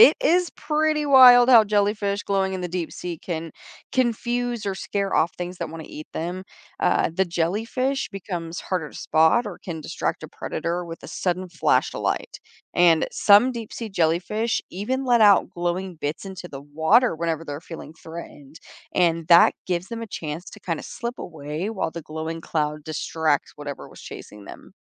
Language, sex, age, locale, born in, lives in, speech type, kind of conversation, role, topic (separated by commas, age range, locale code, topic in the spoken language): English, female, 25-29, en-US, United States, United States, read, expert-assistant, expert, How and why do animals produce light?